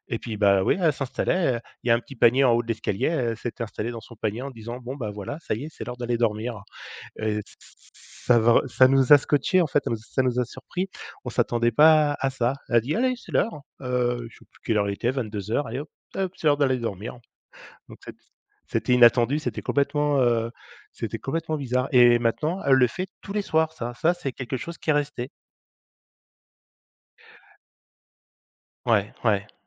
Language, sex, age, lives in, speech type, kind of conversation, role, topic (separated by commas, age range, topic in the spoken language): French, male, 50-54, France, conversation, unstructured, none, Quelle est la chose la plus surprenante que ton animal ait déjà faite ?